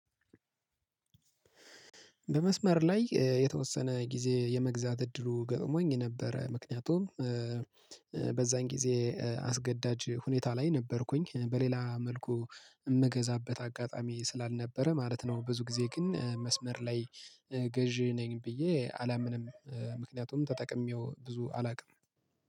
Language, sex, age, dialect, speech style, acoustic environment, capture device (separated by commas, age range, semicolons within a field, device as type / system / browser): Amharic, male, 25-34, Ethiopia - Gojjam, relaxed, nature, mobile / Linux / Chrome